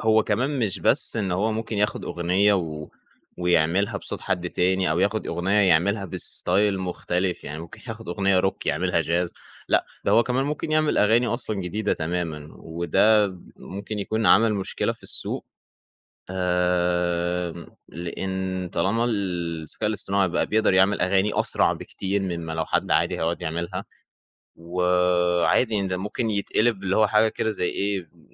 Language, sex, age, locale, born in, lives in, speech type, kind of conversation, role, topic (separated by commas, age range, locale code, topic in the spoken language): Arabic, male, 20-24, ar-EG, Egypt, Egypt, conversation, podcast, guest, إزاي التكنولوجيا غيّرت طريقتك في سماع الموسيقى؟